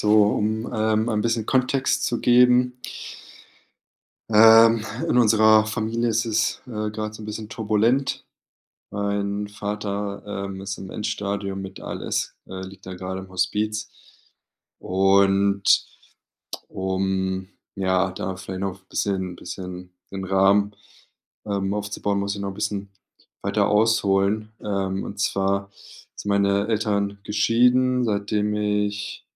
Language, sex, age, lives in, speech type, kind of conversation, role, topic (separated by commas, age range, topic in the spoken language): German, male, 30-34, Germany, conversation, advice, user, Wie kann ich wiederkehrende Streitigkeiten und Kommunikationsprobleme zwischen meinen Geschwistern verringern?